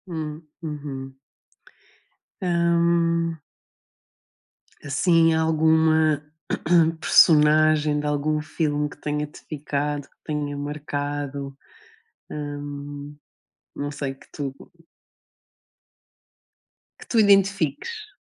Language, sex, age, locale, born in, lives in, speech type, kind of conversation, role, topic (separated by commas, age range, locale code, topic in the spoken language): Portuguese, female, 40-44, pt-PT, Portugal, Portugal, conversation, unstructured, none, Quais são as suas séries de TV favoritas e por que elas são tão cativantes?